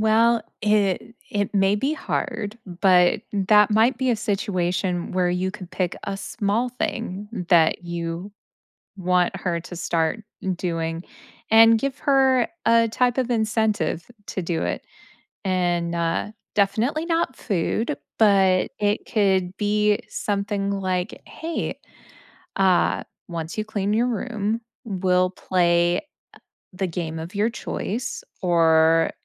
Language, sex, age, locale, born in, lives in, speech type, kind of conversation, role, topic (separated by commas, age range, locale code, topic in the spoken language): English, female, 35-39, en-US, United States, United States, conversation, advice, advisor, How can I manage feeling overwhelmed by school, chores, and activities?